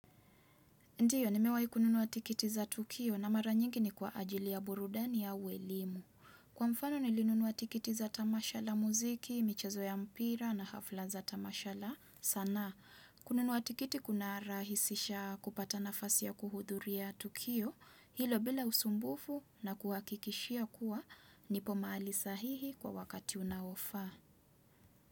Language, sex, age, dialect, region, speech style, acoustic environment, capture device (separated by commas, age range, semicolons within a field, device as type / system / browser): Swahili, female, 25-34, Kenya - Mombasa, Kenya, focused, silence, desktop / Windows / Chrome